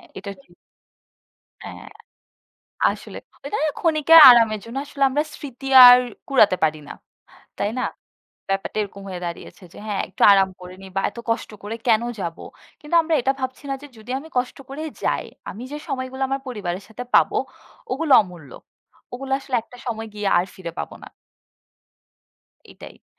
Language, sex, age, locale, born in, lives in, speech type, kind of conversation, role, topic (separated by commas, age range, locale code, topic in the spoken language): Bengali, female, 20-24, bn-BD, Bangladesh, Bangladesh, conversation, unstructured, none, আপনি কেন মনে করেন পরিবারের সঙ্গে সময় কাটানো এতটা প্রয়োজনীয়?